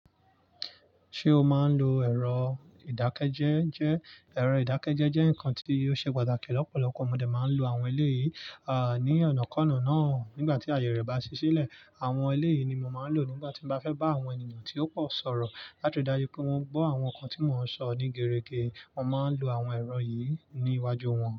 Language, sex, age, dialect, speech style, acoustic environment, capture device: Yoruba, male, 25-34, Nigeria - Nigerian Standard, relaxed, nature, mobile / Linux / Chrome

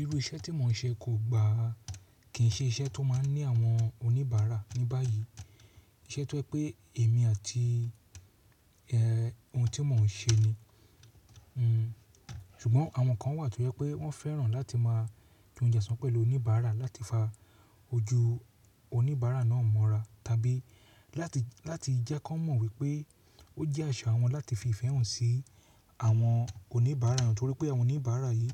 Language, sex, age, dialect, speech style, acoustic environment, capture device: Yoruba, male, 35-44, Nigeria - Lagos Yoruba, relaxed; focused, silence, mobile / Linux / Chrome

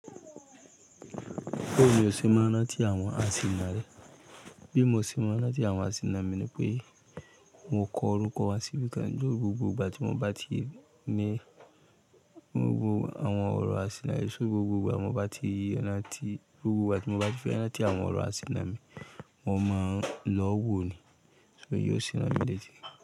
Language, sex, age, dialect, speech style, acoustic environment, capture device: Yoruba, male, 35-44, Nigeria - Lagos Yoruba, happy; excited, people talking; music, mobile / Linux / Chrome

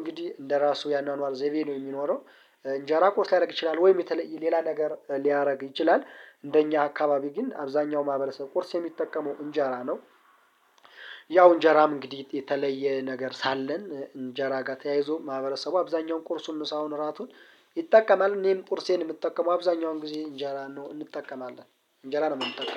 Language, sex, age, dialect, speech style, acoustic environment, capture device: Amharic, male, 25-34, Ethiopia - Gondar, relaxed, nature, mobile / Linux / Chrome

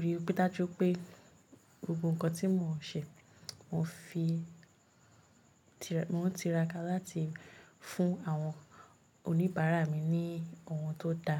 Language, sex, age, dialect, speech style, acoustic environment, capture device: Yoruba, female, 25-34, Nigeria - Lagos Yoruba, focused, silence, mobile / Linux / Chrome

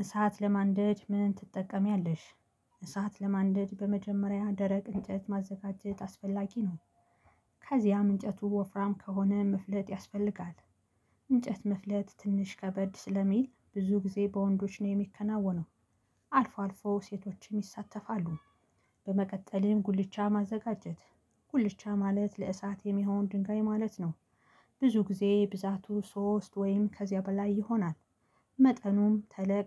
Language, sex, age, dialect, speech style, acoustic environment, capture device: Amharic, female, 35-44, Ethiopia - Gondar, happy, silence, mobile / Linux / Chrome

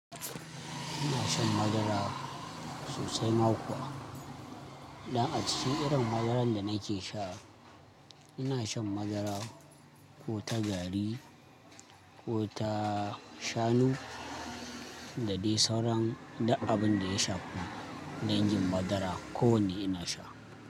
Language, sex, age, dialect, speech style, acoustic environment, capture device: Hausa, male, 25-34, Nigeria - Kano, happy, silence, mobile / Linux / Chrome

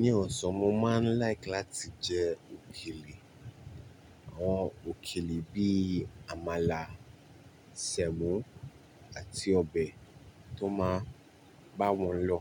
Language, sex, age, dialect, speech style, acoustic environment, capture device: Yoruba, male, 25-34, Nigeria - Nigerian Standard, relaxed, appliances, mobile / Linux / Chrome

